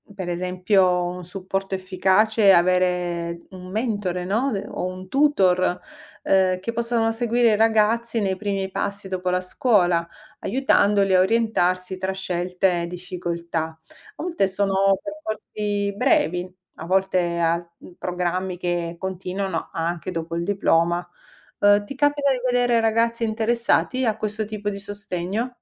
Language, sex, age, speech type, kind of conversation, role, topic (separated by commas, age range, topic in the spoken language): Italian, female, 45-49, read, expert-assistant, expert, Come potrebbero cambiare le opportunità di lavoro se investissimo di più nell’istruzione nei paesi in crescita?